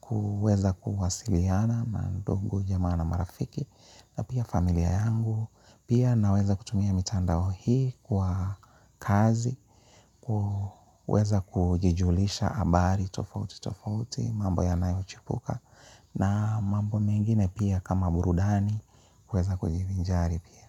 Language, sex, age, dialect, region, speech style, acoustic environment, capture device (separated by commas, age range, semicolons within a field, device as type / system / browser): Swahili, male, 35-44, Kenya - Nairobi Sheng-influenced, Kenya, happy; relaxed; focused, people talking; music; appliances, mobile / Linux / Chrome